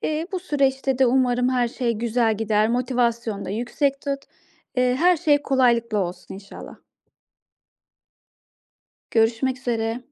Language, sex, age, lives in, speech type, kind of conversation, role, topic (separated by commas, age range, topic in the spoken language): Turkish, female, 35-39, Austria, conversation, advice, advisor, Davetlerde sınırlarımı nasıl koyabilir ve arkadaşlarıma ile aileme nazikçe nasıl hayır diyebilirim?